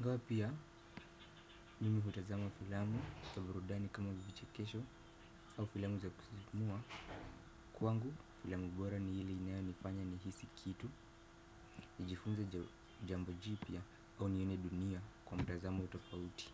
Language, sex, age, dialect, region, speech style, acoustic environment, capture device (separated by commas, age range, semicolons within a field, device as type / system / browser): Swahili, male, 18-24, Kenya - Mombasa, Kenya, happy, silence, desktop / Windows / Firefox